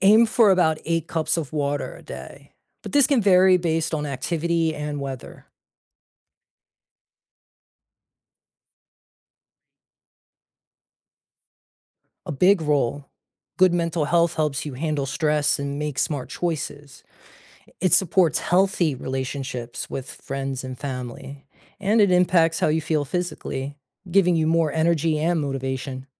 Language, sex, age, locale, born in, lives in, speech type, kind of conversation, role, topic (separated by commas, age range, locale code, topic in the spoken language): English, male, 40-44, en-US, United States, United States, read, expert-assistant, expert, What steps can I take to practice a healthy lifestyle?